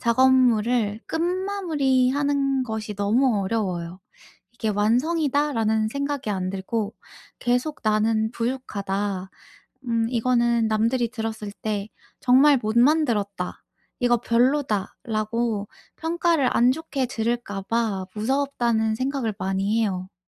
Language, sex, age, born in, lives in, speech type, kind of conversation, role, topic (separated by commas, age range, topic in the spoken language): Korean, female, 25-29, South Korea, United States, conversation, advice, user, 남들의 평가 때문에 불안할 때 현실적으로 어떻게 대처하면 좋을까요?